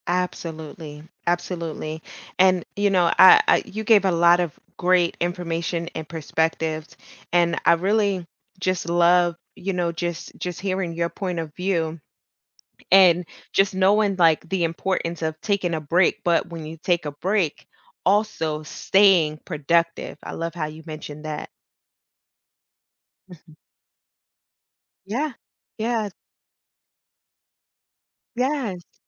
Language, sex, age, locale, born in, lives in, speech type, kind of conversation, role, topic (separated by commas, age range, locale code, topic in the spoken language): English, female, 35-39, en-US, United States, United States, conversation, podcast, host, What habits and changes can help us stay focused throughout the day?